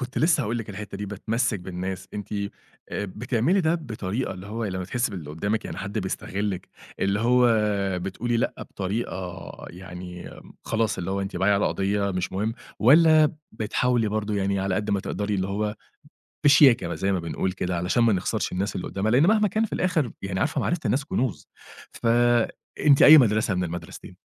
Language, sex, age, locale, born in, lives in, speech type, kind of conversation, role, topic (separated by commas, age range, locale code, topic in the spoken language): Arabic, male, 30-34, ar-EG, Egypt, Egypt, conversation, podcast, host, إيه اللي بتعمله عشان تفضل صادق مع نفسك وإنت بتتغير؟